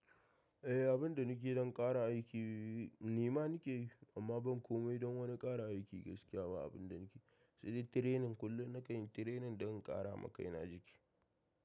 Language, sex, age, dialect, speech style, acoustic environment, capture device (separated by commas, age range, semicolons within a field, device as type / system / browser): Hausa, male, 18-24, Nigeria - Kano, happy; stressed, people talking, mobile / macOS / Safari